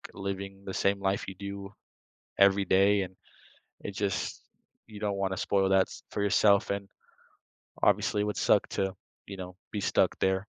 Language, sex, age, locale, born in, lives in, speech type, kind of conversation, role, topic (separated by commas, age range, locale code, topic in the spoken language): English, male, 18-19, en-US, United States, United States, conversation, unstructured, none, Would you rather have a one-minute conversation with your future self or your past self?